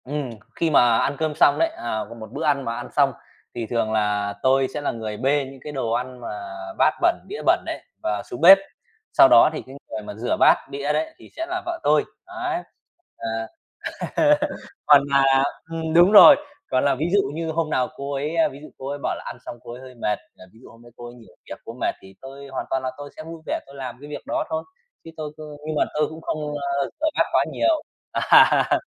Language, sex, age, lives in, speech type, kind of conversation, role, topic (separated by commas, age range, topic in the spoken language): Vietnamese, male, 30-34, Vietnam, conversation, podcast, guest, Bạn phân chia việc nhà với người thân ra sao?